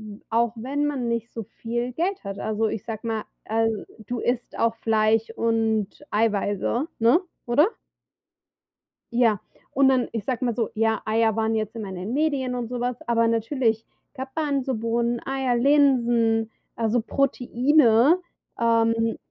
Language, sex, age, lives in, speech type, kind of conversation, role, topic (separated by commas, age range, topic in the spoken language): German, female, 35-39, United States, conversation, advice, advisor, Wie kann ich mich mit einem begrenzten Budget gesund ernähren?